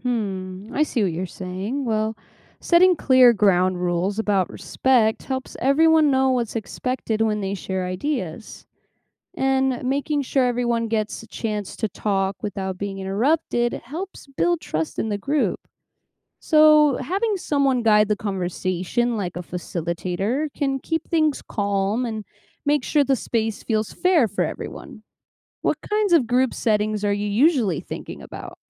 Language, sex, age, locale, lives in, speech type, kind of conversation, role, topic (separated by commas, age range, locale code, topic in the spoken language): English, female, 25-29, en-US, United States, read, expert-assistant, expert, What are the benefits of learning from diverse backgrounds?